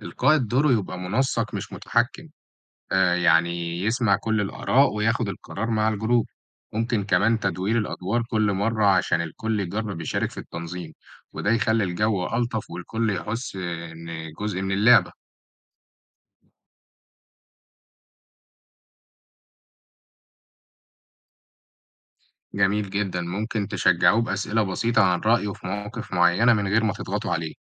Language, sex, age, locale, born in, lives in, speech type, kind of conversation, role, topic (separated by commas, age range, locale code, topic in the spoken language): Arabic, male, 18-19, ar-EG, Egypt, Egypt, read, expert-assistant, expert, إزاي أرتب وأدير مجموعة جيمرز أونلاين، خصوصًا في الألعاب اللي تعتمد على التعاون زي ألعاب التيمز أو الكلانز؟